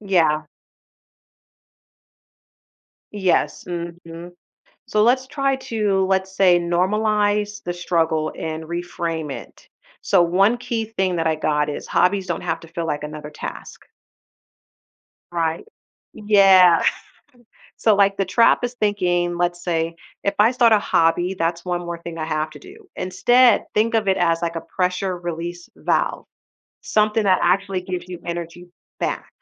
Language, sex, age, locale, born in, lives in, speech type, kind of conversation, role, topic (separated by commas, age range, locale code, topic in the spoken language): English, female, 30-34, en-US, United States, United States, conversation, advice, advisor, How can I choose a new hobby that fits my schedule?